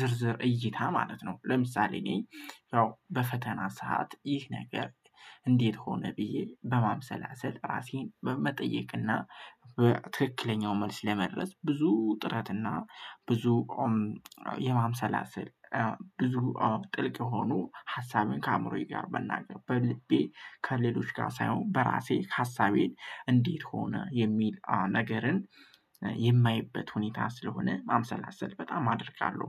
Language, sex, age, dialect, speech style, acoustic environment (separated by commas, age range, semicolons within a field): Amharic, male, 18-24, Ethiopia - Gojjam, happy, nature